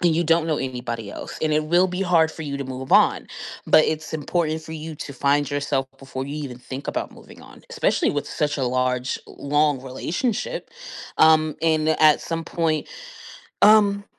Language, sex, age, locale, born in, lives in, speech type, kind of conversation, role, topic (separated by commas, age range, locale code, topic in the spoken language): English, female, 25-29, en-US, United States, United States, conversation, advice, advisor, How can I cope and rebuild my life after a recent breakup or divorce?